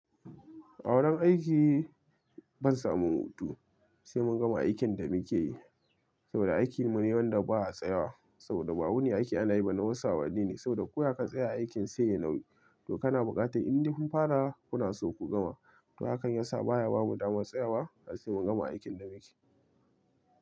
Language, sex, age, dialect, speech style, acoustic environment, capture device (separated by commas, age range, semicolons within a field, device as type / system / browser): Hausa, male, 25-34, Nigeria - Kano, relaxed; excited; focused, silence, mobile / Linux / Chrome